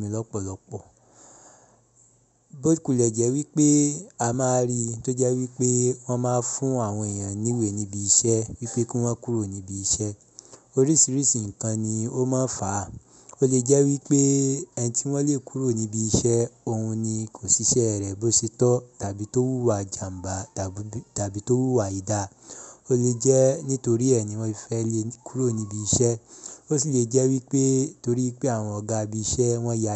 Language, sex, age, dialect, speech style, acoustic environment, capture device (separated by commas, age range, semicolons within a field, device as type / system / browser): Yoruba, male, 25-34, Nigeria - Ibadan, happy; relaxed; focused; excited, silence, mobile / Linux / Chrome